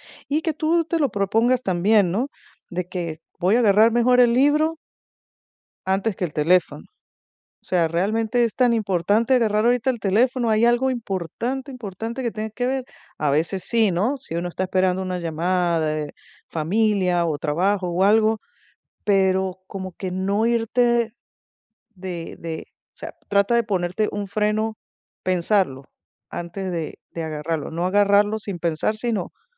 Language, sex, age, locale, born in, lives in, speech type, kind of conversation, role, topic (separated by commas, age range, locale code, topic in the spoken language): Spanish, female, 50-54, es-VE, Venezuela, United States, conversation, advice, advisor, ¿Cómo puedo aprovechar el aburrimiento para mejorar mi concentración y trabajar en tareas largas?